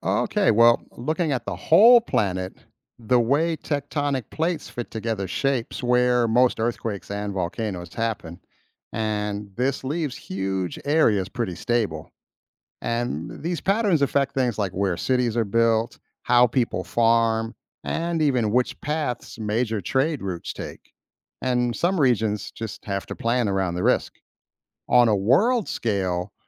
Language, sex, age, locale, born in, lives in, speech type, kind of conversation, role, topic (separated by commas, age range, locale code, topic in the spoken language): English, male, 50-54, en-US, United States, United States, read, expert-assistant, expert, How are earthquakes and volcanoes connected?